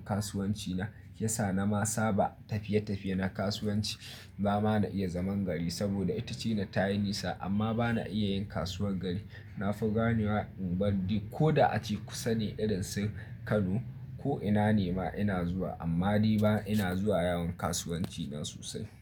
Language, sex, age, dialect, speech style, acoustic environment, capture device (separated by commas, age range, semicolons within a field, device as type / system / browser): Hausa, male, 25-34, Nigeria - Nigerian Standard, excited, silence, mobile / Linux / Chrome